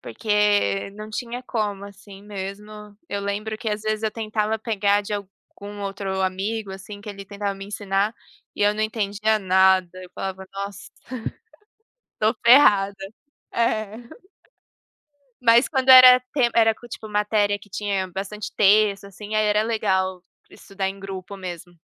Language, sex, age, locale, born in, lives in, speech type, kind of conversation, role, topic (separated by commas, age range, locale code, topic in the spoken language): Portuguese, female, 25-29, pt-PT, Brazil, Germany, conversation, unstructured, none, O que você mais gosta na escola?